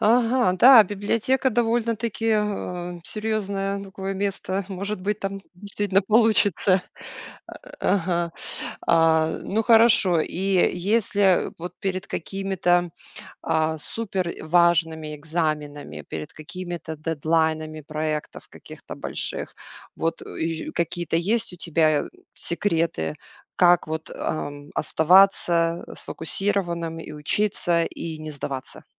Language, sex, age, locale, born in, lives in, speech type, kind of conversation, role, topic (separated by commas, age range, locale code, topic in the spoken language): Russian, female, 40-44, ru-RU, Russia, United States, conversation, podcast, host, Как ты справляешься с прокрастинацией в учёбе?